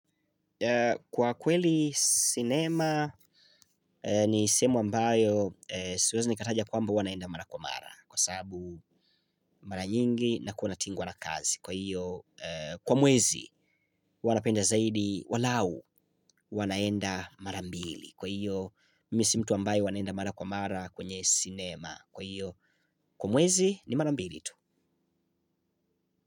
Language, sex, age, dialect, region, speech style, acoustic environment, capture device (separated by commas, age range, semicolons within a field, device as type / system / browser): Swahili, male, 25-34, Tanzania - Dar es Salaam, Tanzania, happy; excited; focused, silence, mobile / Linux / Chrome